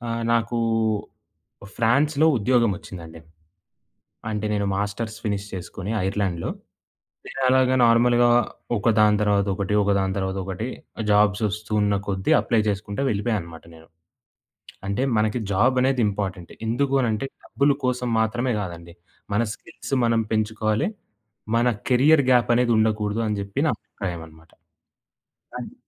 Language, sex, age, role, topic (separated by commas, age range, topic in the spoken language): Telugu, male, 20-24, guest, ఒంటరిగా పని చేసేటప్పుడు మీరు మీ ప్రేరణను ఎలా నిలుపుకుంటారు?